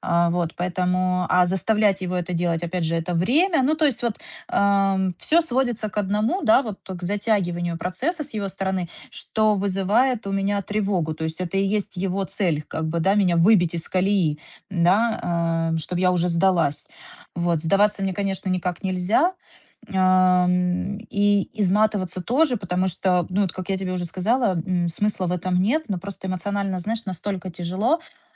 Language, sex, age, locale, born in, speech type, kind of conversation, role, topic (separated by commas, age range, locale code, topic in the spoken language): Russian, female, 30-34, ru-RU, Ukraine, conversation, advice, user, Как мне остановить циклические негативные мысли и реакции?